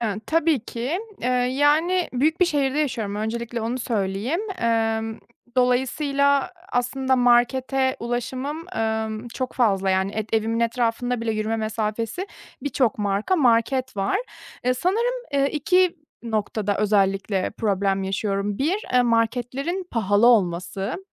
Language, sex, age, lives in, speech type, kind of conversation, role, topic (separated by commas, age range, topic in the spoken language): Turkish, female, 30-34, Germany, conversation, advice, user, Bütçemle uyumlu sağlıklı yemekler bulmaya nereden başlamalıyım?